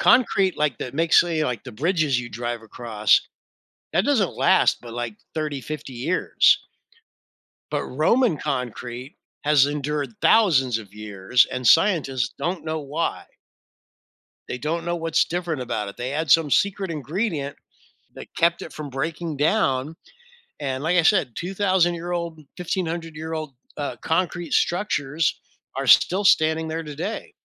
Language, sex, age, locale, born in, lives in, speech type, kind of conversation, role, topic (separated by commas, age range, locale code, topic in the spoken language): English, male, 60-64, en-US, United States, United States, conversation, podcast, guest, How can travel open your mind to new perspectives and personal growth?